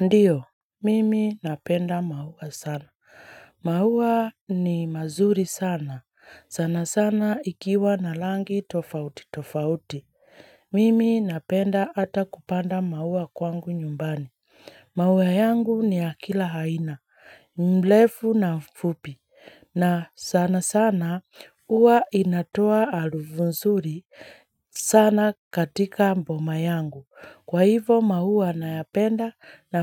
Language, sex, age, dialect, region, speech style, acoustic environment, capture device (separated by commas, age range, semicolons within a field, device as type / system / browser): Swahili, female, 25-34, Kenya - Nairobi Sheng-influenced, Kenya, relaxed; happy, silence, mobile / Linux / Chrome